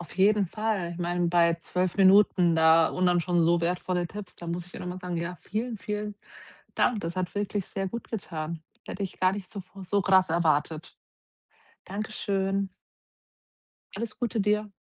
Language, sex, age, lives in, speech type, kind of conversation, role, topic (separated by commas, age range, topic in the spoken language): German, female, 40-44, Germany, conversation, advice, user, Wie kann ich aufhören, mich mit anderen zu vergleichen und meine Selbstakzeptanz stärken?